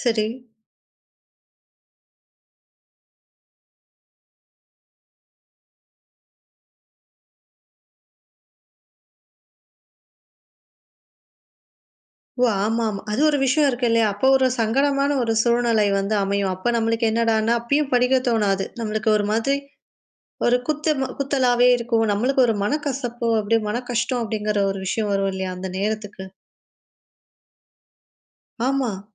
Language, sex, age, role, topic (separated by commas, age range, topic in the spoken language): Tamil, female, 20-24, host, பள்ளிக் காலத்தில் நீங்கள் அனுபவித்த ஒரு தோல்வியைப் பற்றி சொல்ல முடியுமா?